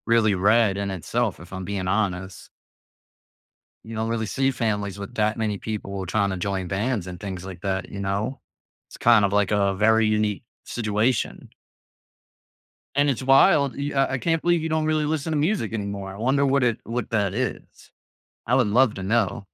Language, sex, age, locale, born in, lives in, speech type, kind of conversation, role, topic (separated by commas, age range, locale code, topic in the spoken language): English, male, 30-34, en-US, United States, United States, conversation, podcast, host, How do our backgrounds and experiences shape the music we love?